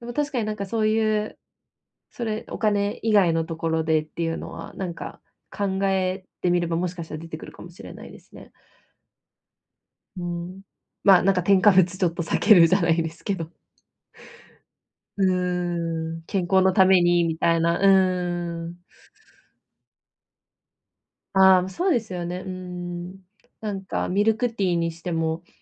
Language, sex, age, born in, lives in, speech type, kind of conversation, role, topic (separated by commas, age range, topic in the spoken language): Japanese, female, 25-29, Japan, Japan, conversation, advice, user, 節約を妨げる習慣を見直して無駄遣いを減らすにはどうしたらいいですか？